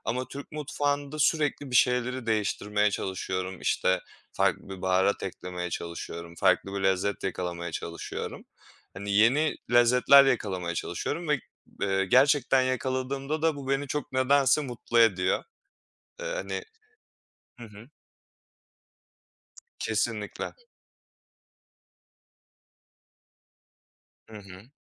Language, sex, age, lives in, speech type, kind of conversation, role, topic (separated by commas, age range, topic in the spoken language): Turkish, male, 25-29, Poland, conversation, unstructured, none, Yemek yaparken en çok nelere dikkat edersin?